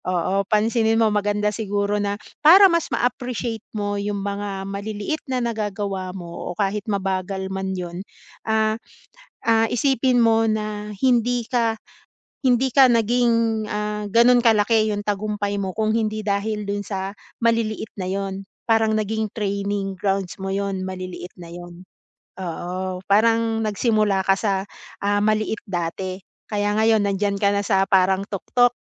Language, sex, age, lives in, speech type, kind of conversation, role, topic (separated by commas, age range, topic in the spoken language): Filipino, female, 40-44, Philippines, conversation, advice, advisor, Paano ko mapapanatili ang motibasyon kapag mabagal ang pag-usad ko patungo sa layunin?